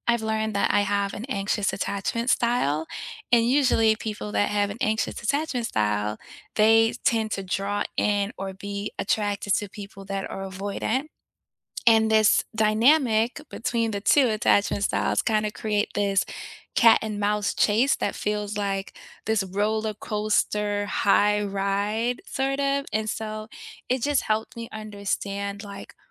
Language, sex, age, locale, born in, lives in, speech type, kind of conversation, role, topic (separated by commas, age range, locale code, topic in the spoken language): English, female, 35-39, en-US, United States, United States, conversation, unstructured, none, Which books or life lessons have reshaped your perspective, and what made them stick with you?